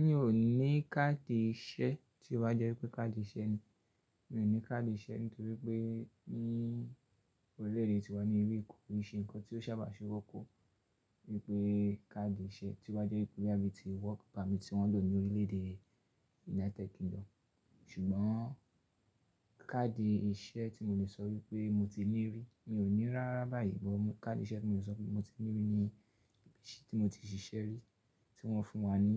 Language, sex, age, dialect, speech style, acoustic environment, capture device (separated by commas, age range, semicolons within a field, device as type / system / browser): Yoruba, male, 25-34, Nigeria - Ibadan, focused; relaxed, silence, mobile / Linux / Chrome